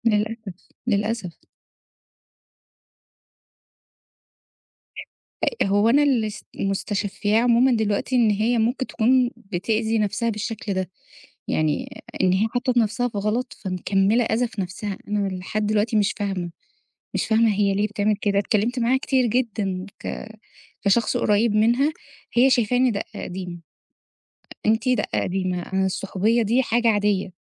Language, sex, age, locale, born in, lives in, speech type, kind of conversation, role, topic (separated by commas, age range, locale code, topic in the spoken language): Arabic, female, 30-34, ar-EG, Egypt, Egypt, conversation, podcast, guest, إيه هي التقاليد اللي اتربّيت عليها، وإزاي لسه مأثرة فيك لحد دلوقتي؟